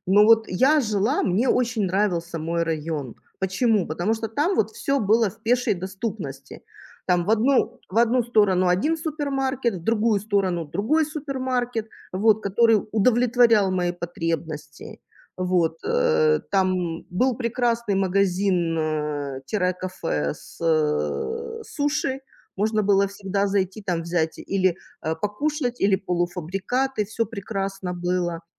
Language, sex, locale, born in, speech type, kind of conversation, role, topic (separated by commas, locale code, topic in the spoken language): Russian, female, ru-RU, Ukraine, conversation, unstructured, none, Какой образ жизни больше способствует творческому развитию: городской или сельский?